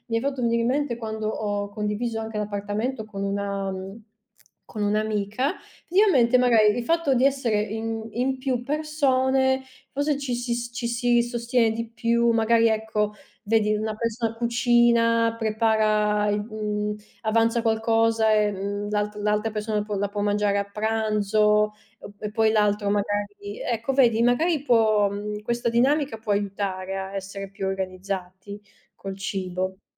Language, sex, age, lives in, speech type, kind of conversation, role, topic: Italian, female, 30-34, Italy, conversation, unstructured, none, In che modo la pianificazione settimanale dei pasti può semplificare la nostra routine quotidiana?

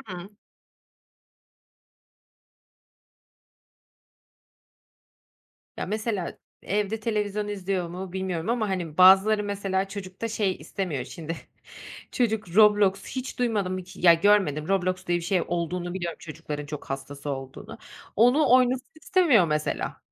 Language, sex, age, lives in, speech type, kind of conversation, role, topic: Turkish, female, 30-34, Netherlands, conversation, podcast, host, İnternetten öğrenmenin artıları ve eksileri hakkında ne düşünüyorsun?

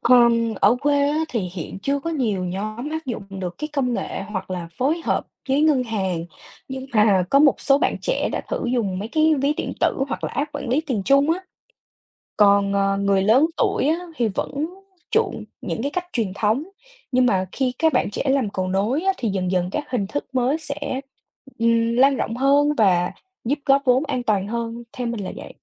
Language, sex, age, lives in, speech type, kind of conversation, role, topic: Vietnamese, female, 20-24, United States, read, expert-assistant, expert, Bạn nghĩ vì sao những thói quen tài chính cá nhân lại khác biệt giữa vùng thành phố và nông thôn ở Việt Nam?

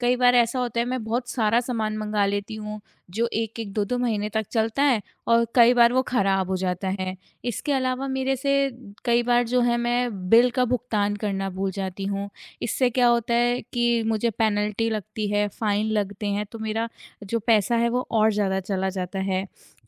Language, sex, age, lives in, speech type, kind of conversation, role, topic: Hindi, female, 25-29, India, conversation, advice, user, अपने नए घर के खर्चों और बजट की अनिश्चितता से होने वाले तनाव के बारे में आप क्या बताना चाहेंगे?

